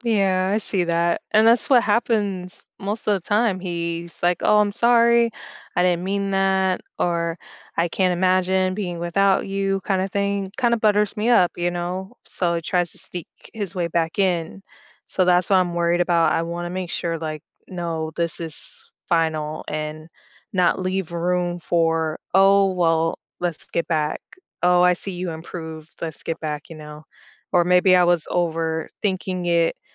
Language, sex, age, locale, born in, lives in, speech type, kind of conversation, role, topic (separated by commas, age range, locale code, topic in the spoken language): English, female, 30-34, en-US, United States, United States, conversation, advice, user, How can I cope and start moving forward after a breakup?